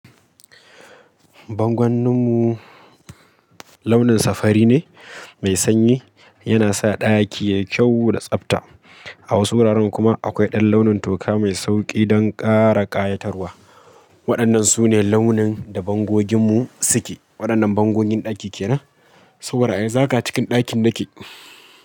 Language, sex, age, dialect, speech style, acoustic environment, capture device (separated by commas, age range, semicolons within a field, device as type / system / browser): Hausa, male, 25-34, Nigeria - Kano, happy; focused; excited, people talking; appliances; nature, mobile / Linux / Chrome